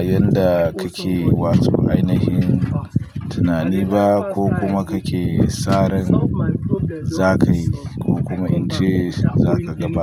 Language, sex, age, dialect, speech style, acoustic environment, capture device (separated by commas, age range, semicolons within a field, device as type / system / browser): Hausa, male, 25-34, Nigeria - Pidgin-influenced, happy, people talking; nature, mobile / Linux / Chrome